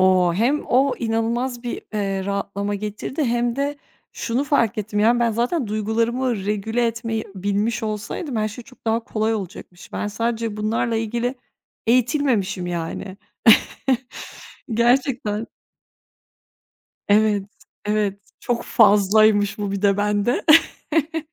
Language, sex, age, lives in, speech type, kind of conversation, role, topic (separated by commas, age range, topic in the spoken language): Turkish, female, 30-34, Bulgaria, conversation, podcast, guest, Hatalarından neler öğrendin?